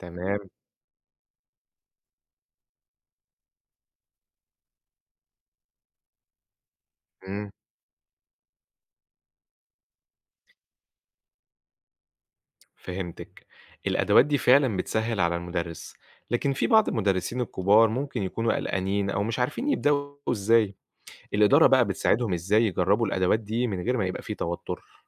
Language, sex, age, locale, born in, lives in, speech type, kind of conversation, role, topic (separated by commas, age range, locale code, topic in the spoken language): Arabic, male, 25-29, ar-EG, Egypt, Egypt, read, expert-assistant, asker, إزاي المدارس ممكن تنظم وقت الحصص عشان تدي فرصة أكتر للأنشطة والمواد المهمة؟